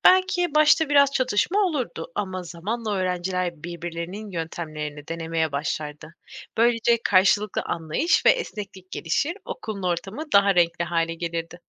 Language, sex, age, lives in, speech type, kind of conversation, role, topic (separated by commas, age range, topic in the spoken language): Turkish, female, 25-29, Poland, read, expert-assistant, expert, Eğer Harry Potter Türk edebiyatında yazılmış olsaydı, hangi temalar öne çıkardı?